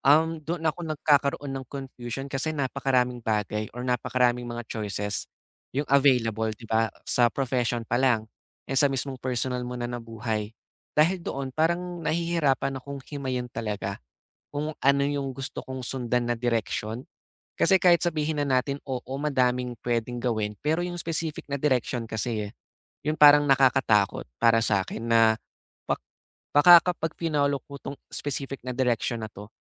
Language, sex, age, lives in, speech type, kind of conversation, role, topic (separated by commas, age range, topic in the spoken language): Filipino, male, 20-24, Philippines, conversation, advice, user, Paano ko muling matutuklasan ang tunay kong mga hangarin at pagpapahalaga pagkatapos ng hiwalayan?